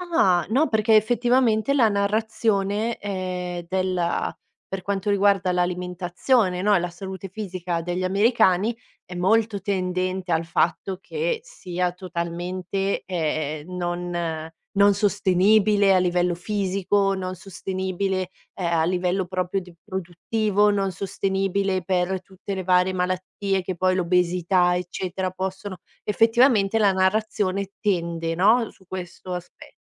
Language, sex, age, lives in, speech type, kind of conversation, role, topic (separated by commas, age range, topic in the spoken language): Italian, female, 30-34, Italy, conversation, podcast, host, Hai mai cambiato città? Com’è stato ricominciare da capo?